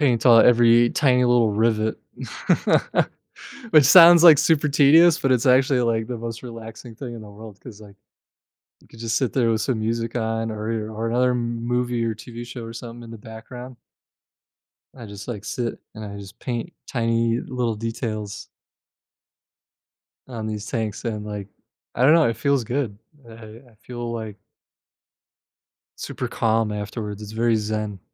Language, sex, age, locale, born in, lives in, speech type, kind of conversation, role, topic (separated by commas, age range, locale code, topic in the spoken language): English, male, 35-39, en-US, United States, United States, conversation, podcast, guest, What helps you truly relax and recharge when you're at home?